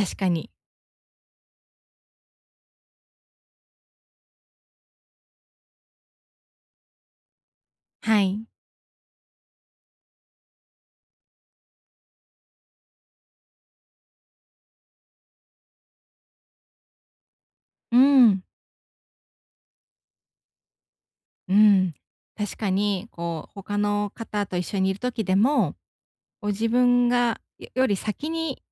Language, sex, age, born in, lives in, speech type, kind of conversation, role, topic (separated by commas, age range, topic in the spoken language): Japanese, female, 35-39, Japan, Japan, conversation, advice, user, 望まない助言を上手に断るにはどうすればよいですか？